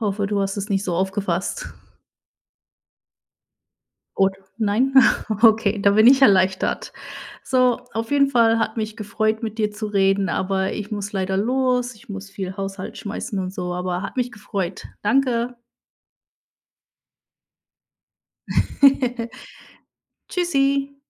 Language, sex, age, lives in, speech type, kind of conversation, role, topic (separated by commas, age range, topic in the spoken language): German, female, 30-34, United States, conversation, unstructured, none, Wie wichtig ist Kommunikation in einer Partnerschaft?